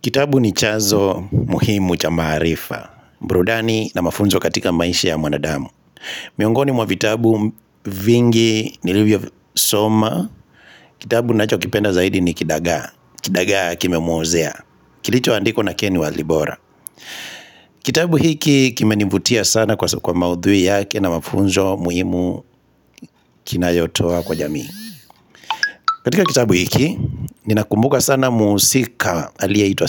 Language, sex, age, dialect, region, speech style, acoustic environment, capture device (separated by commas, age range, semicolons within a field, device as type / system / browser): Swahili, male, 25-34, Kenya - Kitui, Kenya, relaxed, silence, mobile / Linux / Chrome